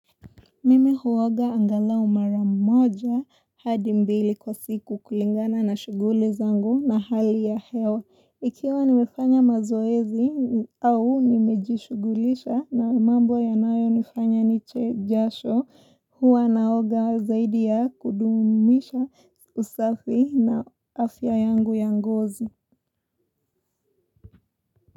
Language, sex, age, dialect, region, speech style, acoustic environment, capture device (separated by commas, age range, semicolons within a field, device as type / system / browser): Swahili, female, 18-24, Kenya - Mombasa, Kenya, relaxed, silence, mobile / Linux / Chrome